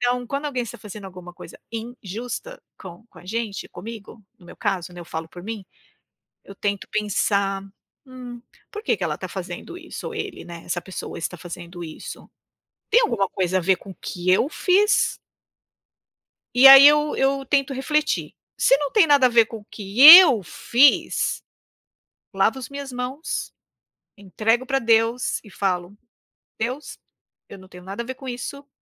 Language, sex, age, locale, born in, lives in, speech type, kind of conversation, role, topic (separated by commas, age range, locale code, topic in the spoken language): Portuguese, female, 55-59, pt-BR, Brazil, United States, conversation, unstructured, none, Qual foi a coisa mais injusta que já aconteceu com você?